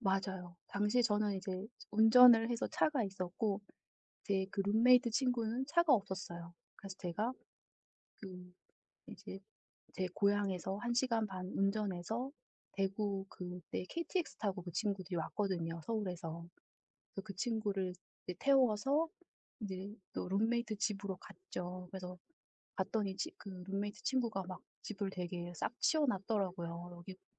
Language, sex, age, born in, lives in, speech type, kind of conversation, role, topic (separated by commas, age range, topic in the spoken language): Korean, female, 55-59, South Korea, South Korea, conversation, podcast, guest, 여행 중 우연히 만난 사람을 나중에 다시 만난 적이 있으신가요?